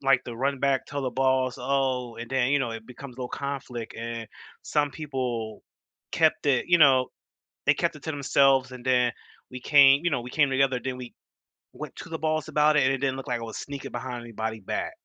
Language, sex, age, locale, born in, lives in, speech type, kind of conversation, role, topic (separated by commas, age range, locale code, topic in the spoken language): English, male, 30-34, en-US, United States, United States, conversation, unstructured, none, How do you decide which risks are worth taking and who to trust at work?